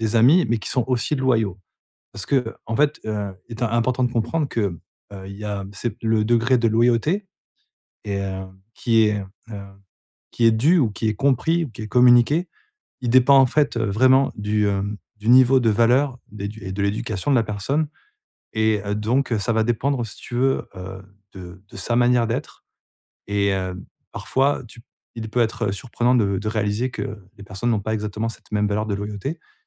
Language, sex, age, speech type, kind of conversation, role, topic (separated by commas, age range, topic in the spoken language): French, male, 40-44, conversation, advice, advisor, Comment apprendre de ses erreurs sans perdre confiance en soi ?